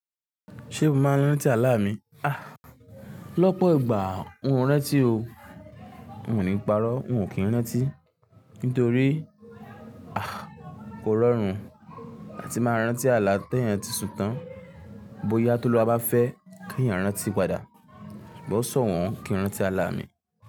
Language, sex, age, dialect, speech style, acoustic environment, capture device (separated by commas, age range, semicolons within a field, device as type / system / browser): Yoruba, male, 18-24, Nigeria - Lagos Yoruba, relaxed, nature, mobile / Linux / Chrome